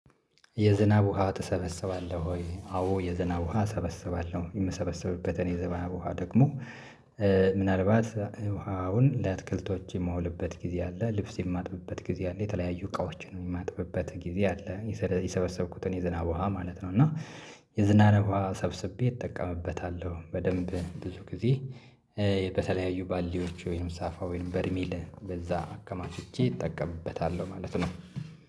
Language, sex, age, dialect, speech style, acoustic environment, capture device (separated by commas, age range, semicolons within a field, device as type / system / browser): Amharic, male, 25-34, Ethiopia - Addis Ababa, happy; relaxed, silence, mobile / Linux / Chrome